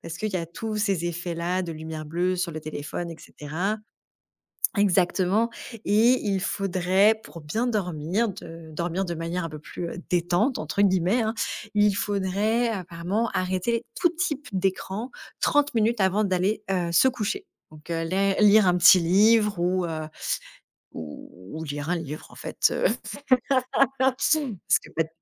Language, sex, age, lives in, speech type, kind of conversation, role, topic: French, female, 30-34, France, conversation, podcast, host, Quelle habitude quotidienne t’a le plus aidé ?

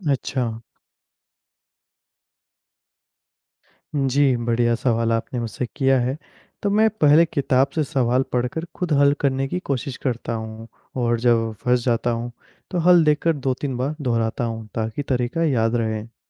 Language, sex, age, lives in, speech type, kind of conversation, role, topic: Hindi, male, 20-24, India, read, expert-assistant, asker, कठिन विषयों को समझने के आसान तरीके कौन से हैं?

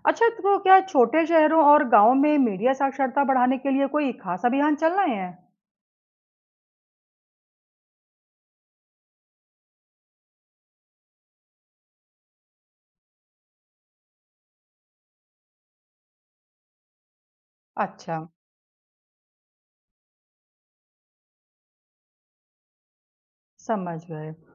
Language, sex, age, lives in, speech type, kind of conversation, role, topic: Hindi, female, 35-39, India, read, expert-assistant, asker, समाचार मीडिया में फर्जी खबरें फैलने के पीछे क्या मुख्य कारण होते हैं?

